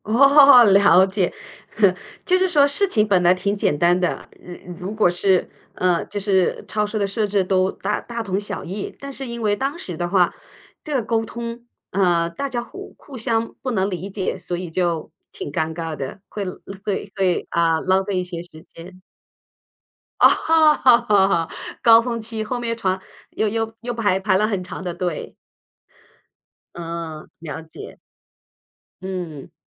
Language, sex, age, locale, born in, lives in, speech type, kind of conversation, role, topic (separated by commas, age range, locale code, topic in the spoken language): Chinese, female, 50-54, zh-CN, China, United States, conversation, podcast, host, 当你语言不太通时，你是怎么适应新文化的？